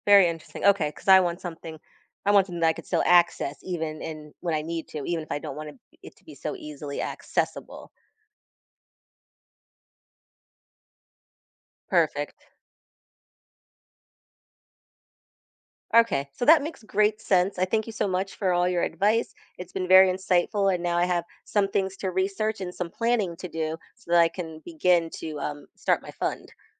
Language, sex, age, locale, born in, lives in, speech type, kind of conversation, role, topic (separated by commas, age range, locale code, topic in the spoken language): English, female, 40-44, en-US, United States, United States, conversation, advice, user, Do I need an emergency fund, and how much should I save?